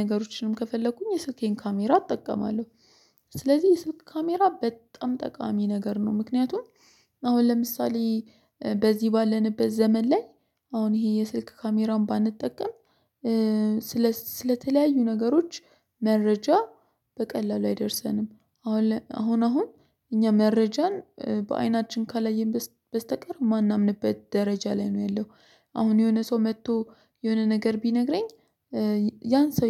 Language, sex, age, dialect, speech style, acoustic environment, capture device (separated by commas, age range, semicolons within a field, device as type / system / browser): Amharic, female, 25-34, Ethiopia - Addis Ababa, focused, silence, mobile / Linux / Chrome